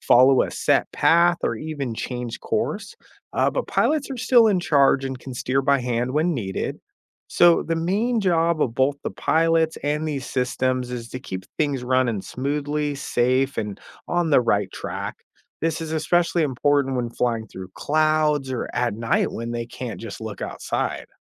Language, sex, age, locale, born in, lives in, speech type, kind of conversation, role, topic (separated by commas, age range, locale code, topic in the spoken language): English, male, 35-39, en-US, United States, United States, read, expert-assistant, expert, How do airplanes stay in the air and what makes them fly?